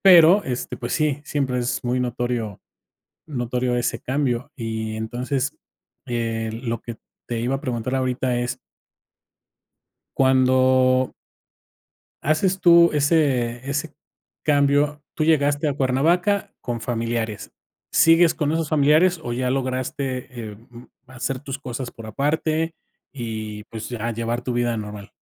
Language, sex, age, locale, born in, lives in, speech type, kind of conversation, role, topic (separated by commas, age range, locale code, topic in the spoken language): Spanish, male, 45-49, es-MX, Mexico, Mexico, conversation, podcast, host, ¿Cómo te adaptas cuando la vida cambia de golpe?